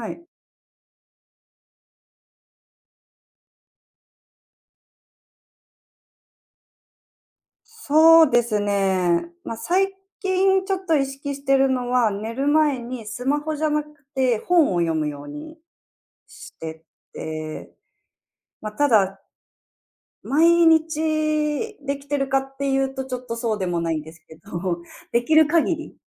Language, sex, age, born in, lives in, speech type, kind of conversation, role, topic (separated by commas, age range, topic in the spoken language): Japanese, female, 30-34, Japan, Japan, conversation, podcast, guest, 最近、スマホの使い方で気をつけていることはありますか？